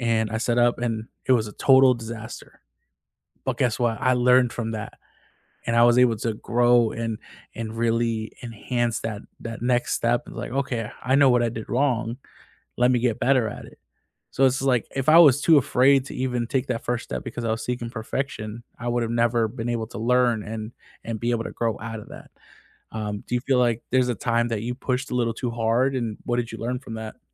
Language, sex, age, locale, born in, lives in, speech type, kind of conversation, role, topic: English, male, 25-29, en-US, United States, United States, conversation, unstructured, none, How do you pursue big goals while protecting your daily well-being?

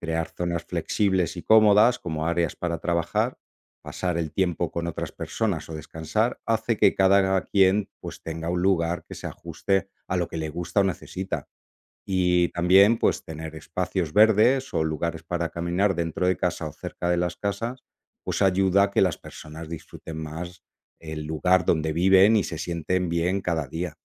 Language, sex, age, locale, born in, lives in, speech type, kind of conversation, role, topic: Spanish, male, 45-49, es-ES, Spain, Italy, read, expert-assistant, expert, ¿Cómo influye la arquitectura en la calidad de vida de las personas?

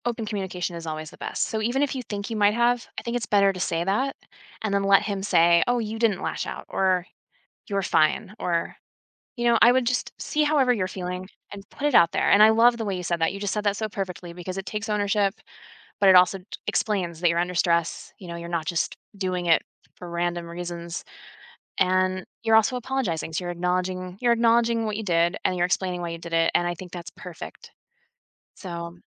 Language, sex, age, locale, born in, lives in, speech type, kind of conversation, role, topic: English, female, 40-44, en-US, United States, United States, conversation, advice, advisor, How can I calmly talk through a minor argument with my partner?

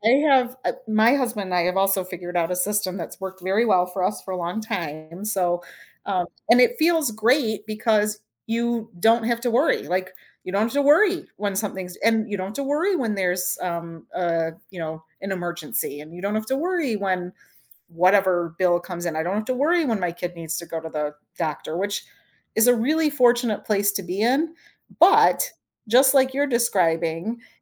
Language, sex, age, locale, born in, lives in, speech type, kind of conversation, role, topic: English, female, 45-49, en-US, United States, United States, conversation, podcast, host, How can learning from mistakes shape your personal growth?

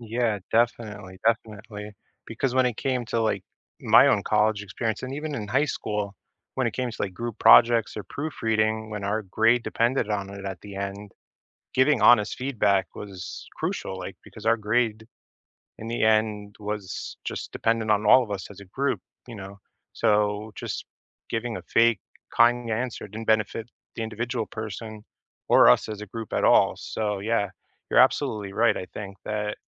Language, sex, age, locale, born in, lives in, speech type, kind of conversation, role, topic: English, male, 35-39, en-US, United States, United States, conversation, unstructured, none, What small, everyday gestures help you build trust and closeness with the people you care about?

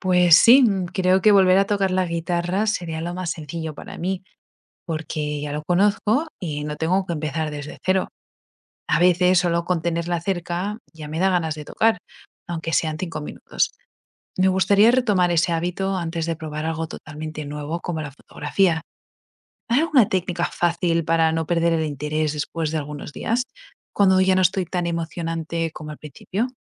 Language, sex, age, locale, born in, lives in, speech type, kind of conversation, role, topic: Spanish, female, 25-29, es-ES, Spain, United States, read, expert-assistant, asker, ¿Cómo puedo mantener mi casa ordenada sin sacrificar mi tiempo libre y bienestar personal?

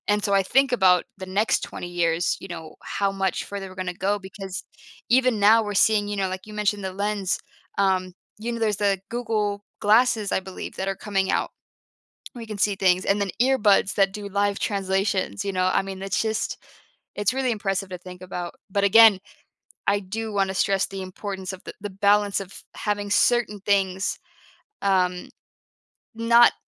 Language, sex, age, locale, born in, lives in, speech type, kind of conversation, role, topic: English, female, 25-29, en-US, United States, United States, conversation, podcast, guest, In what ways has technology shaped our connections and relationships with others?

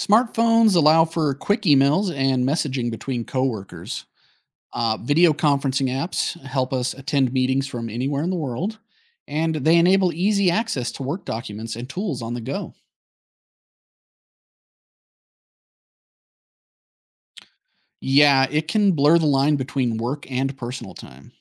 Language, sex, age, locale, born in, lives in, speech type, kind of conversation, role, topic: English, male, 35-39, en-US, United States, United States, read, expert-assistant, expert, How have smartphones changed communication?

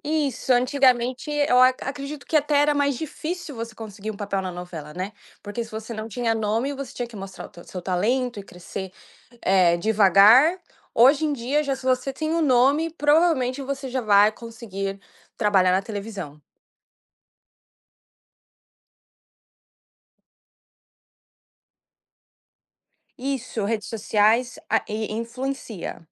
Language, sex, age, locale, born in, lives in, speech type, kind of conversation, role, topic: Portuguese, female, 20-24, pt-BR, Brazil, United States, conversation, podcast, guest, Como você percebe a evolução das novelas ao longo dos anos?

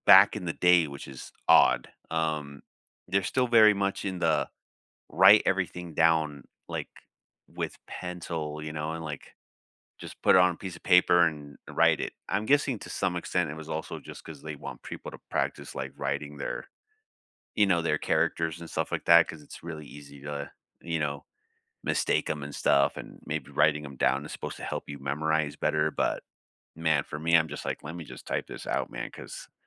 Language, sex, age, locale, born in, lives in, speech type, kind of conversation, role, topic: English, male, 30-34, en-US, United States, United States, conversation, unstructured, none, How has classroom technology helped or hurt your learning?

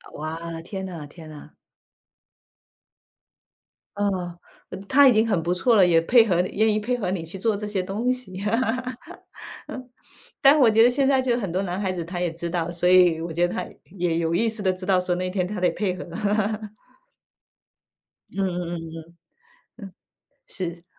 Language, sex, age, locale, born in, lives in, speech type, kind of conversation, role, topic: Chinese, female, 35-39, zh-TW, China, United States, conversation, unstructured, none, 其他文化的服饰有哪些地方让你感到惊讶？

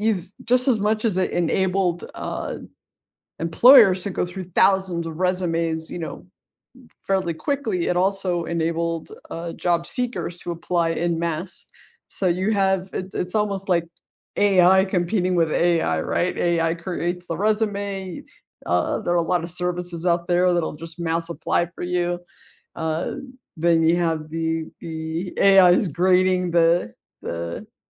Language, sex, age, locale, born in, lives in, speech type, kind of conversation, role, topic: English, female, 45-49, en-US, United States, United States, conversation, unstructured, none, What was the last thing you learned online that surprised you?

